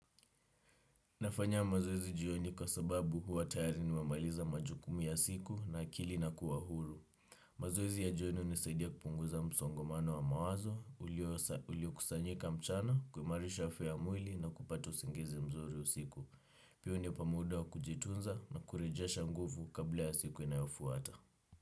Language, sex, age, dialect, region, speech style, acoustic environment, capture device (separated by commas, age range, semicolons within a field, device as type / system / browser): Swahili, male, 18-24, Kenya - Nairobi Sheng-influenced, Kenya, relaxed, silence, desktop / Windows / Chrome